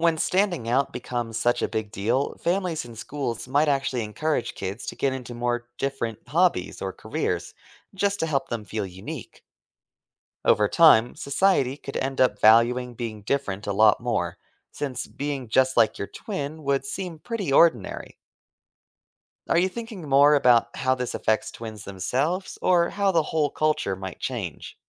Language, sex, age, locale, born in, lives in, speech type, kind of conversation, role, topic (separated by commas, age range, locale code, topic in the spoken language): English, male, 25-29, en-US, United States, United States, read, expert-assistant, expert, How would society change if everyone had a twin?